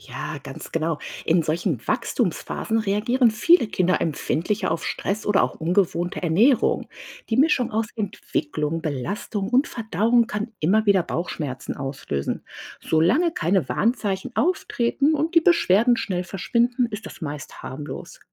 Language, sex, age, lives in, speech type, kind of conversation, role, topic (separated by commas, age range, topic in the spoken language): German, female, 40-44, United States, read, expert-assistant, expert, Wie entscheide ich, wann ich mit gesundheitlichen Problemen lieber gleich zum Arzt gehe und wann ich noch abwarten kann?